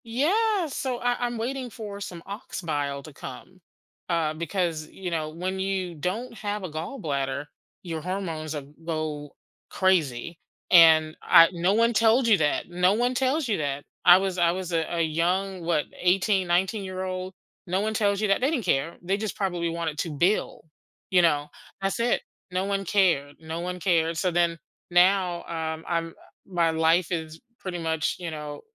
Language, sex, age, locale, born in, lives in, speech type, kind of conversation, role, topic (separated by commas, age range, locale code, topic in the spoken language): English, female, 50-54, en-US, United States, United States, conversation, advice, user, How can I move forward in my stuck career?